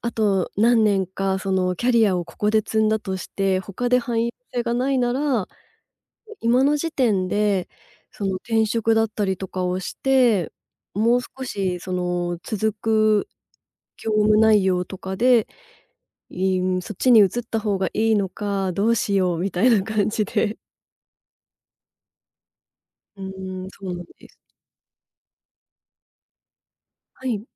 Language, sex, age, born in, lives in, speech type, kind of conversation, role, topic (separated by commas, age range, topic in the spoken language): Japanese, female, 35-39, Japan, Japan, conversation, advice, user, 未来の見通しが立たなくて不安なとき、どうすれば落ち着けますか？